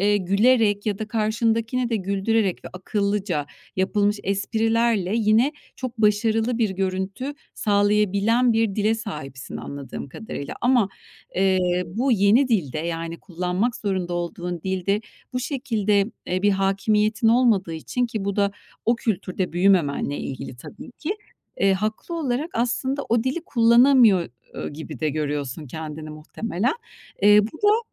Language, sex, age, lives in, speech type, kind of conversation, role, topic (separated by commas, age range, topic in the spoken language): Turkish, female, 45-49, Spain, conversation, advice, advisor, Yaklaşan bir sunum veya toplantı hakkında neden aşırı kaygı hissediyorsunuz?